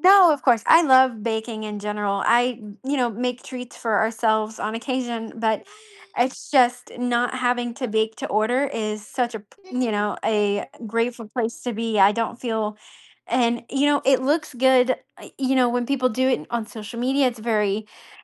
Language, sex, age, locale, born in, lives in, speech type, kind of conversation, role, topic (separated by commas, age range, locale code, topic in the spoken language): English, female, 30-34, en-US, United States, United States, conversation, advice, user, How can I change careers after working in one field?